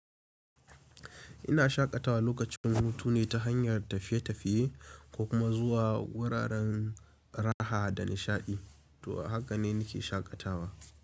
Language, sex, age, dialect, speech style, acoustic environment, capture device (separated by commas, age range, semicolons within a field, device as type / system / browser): Hausa, male, 18-24, Nigeria - Kano, focused, appliances; nature; silence, mobile / Linux / Chrome